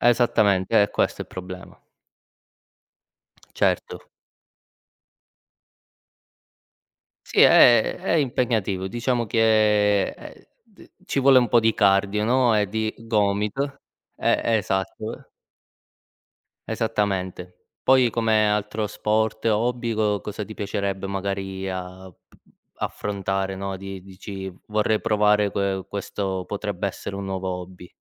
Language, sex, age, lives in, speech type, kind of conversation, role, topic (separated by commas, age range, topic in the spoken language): Italian, male, 25-29, Italy, conversation, unstructured, none, Qual è il tuo hobby preferito per rilassarti dopo una giornata stressante?